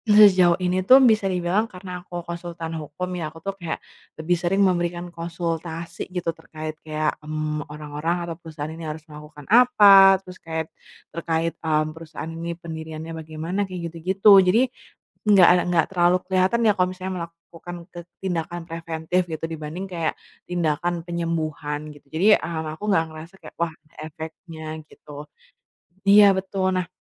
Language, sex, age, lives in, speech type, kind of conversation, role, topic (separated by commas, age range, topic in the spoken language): Indonesian, female, 25-29, Indonesia, conversation, advice, user, Bagaimana saya bisa menemukan makna dalam pekerjaan sehari-hari saya?